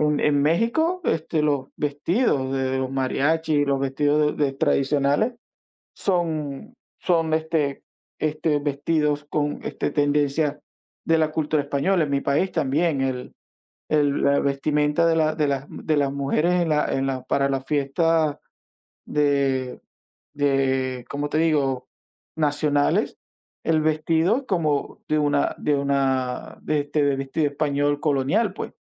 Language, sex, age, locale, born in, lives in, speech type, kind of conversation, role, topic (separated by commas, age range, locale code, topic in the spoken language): Spanish, male, 45-49, es-VE, Venezuela, United States, conversation, unstructured, none, ¿Crees que el colonialismo histórico todavía afecta a las sociedades actuales?